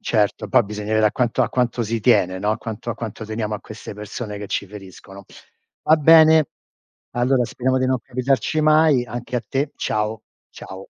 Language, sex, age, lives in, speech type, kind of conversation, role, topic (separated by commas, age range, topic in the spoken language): Italian, male, 60-64, United States, conversation, unstructured, none, Come si può ricostruire la fiducia dopo un conflitto?